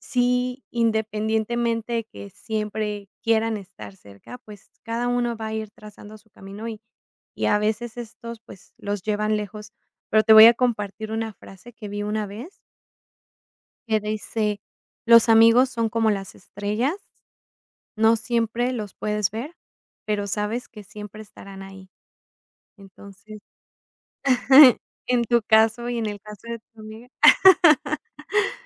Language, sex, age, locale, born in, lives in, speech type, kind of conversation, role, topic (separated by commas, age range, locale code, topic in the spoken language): Spanish, female, 35-39, es-MX, Mexico, Mexico, conversation, podcast, host, ¿Puedes contarme sobre una amistad que marcó tu vida?